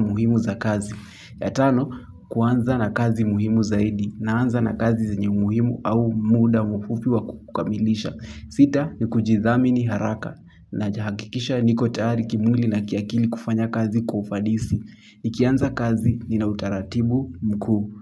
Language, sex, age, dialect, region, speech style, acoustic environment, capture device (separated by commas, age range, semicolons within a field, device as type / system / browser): Swahili, male, 18-24, Kenya - Kiambu, Kenya, happy, silence, mobile / Linux / Chrome